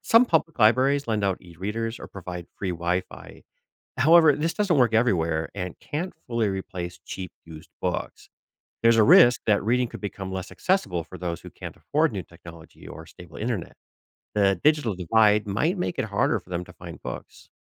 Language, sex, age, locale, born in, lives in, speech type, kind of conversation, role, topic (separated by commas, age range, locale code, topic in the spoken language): English, male, 45-49, en-US, United States, United States, read, expert-assistant, expert, What would happen if books were only digital?